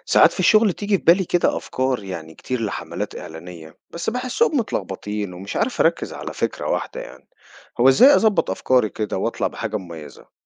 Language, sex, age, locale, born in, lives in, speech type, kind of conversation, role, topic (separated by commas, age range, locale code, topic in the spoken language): Arabic, male, 40-44, ar-EG, Egypt, United States, read, expert-assistant, asker, إزاي أنظم أفكاري الإبداعية عشان أطلع بأفكار جديدة بشكل منتظم؟